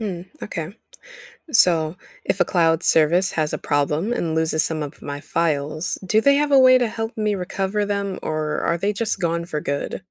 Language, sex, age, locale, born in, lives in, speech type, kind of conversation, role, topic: English, female, 18-19, en-US, United States, United States, read, expert-assistant, asker, What is cloud computing and how does it store your files online?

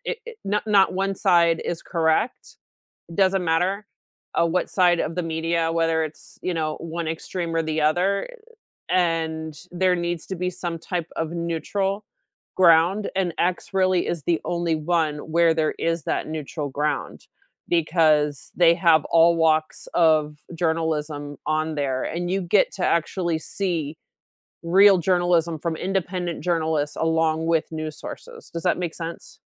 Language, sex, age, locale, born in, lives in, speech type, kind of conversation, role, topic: English, female, 40-44, en-US, United States, United States, conversation, unstructured, none, What is your go-to way to keep up with the news these days?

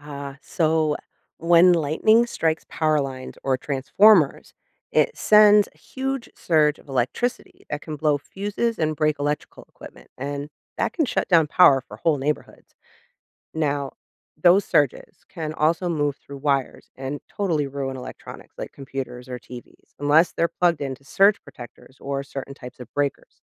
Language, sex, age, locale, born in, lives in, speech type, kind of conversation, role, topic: English, female, 40-44, en-US, United States, United States, read, expert-assistant, expert, What causes lightning during thunderstorms?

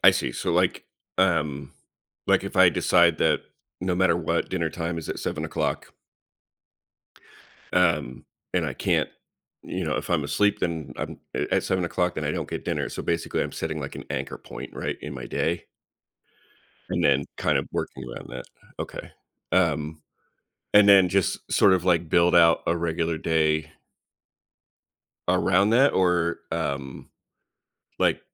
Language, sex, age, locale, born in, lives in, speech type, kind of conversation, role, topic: English, male, 35-39, en-US, United States, United States, conversation, advice, user, How can I build and stick to healthier daily habits?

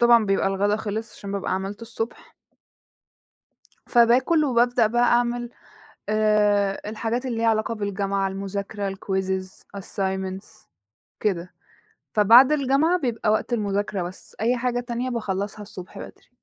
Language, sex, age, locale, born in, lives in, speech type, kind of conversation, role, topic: Arabic, female, 20-24, ar-EG, Egypt, Egypt, conversation, podcast, guest, إيه هو روتين صباحي صحي بتعمله وبيحسّن يومك؟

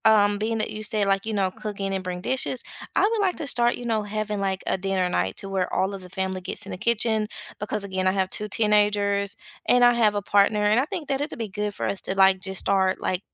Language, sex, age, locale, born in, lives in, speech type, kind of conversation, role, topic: English, female, 35-39, en-US, United States, United States, conversation, unstructured, none, What new tradition would you love to start with friends or family to deepen your connection?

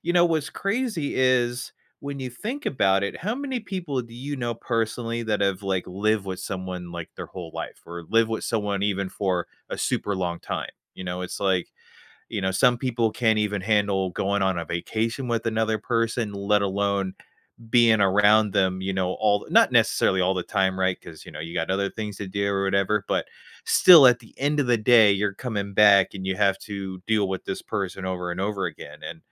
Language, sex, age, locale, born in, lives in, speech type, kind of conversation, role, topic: English, male, 30-34, en-US, United States, United States, conversation, advice, advisor, How can I adjust to living alone?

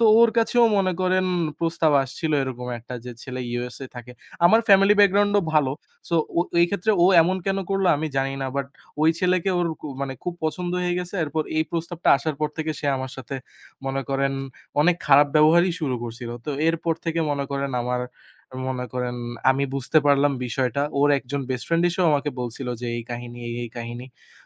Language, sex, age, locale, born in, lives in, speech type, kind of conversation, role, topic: Bengali, male, 20-24, bn-BD, Bangladesh, Bangladesh, conversation, unstructured, none, একটি ভুল স্মৃতি আপনার জীবনে কীভাবে প্রভাব ফেলেছে?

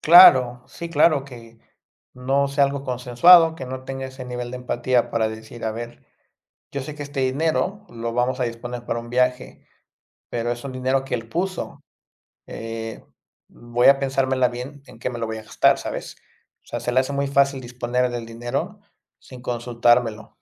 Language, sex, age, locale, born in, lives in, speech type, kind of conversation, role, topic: Spanish, male, 30-34, es-MX, Mexico, Mexico, conversation, advice, user, ¿Con quién sueles discutir por dinero y qué temas lo detonan con más frecuencia?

